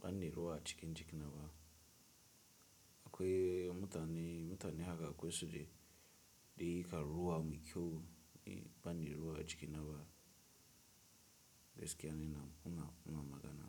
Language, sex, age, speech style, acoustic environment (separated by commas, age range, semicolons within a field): Hausa, male, 18-24, relaxed, silence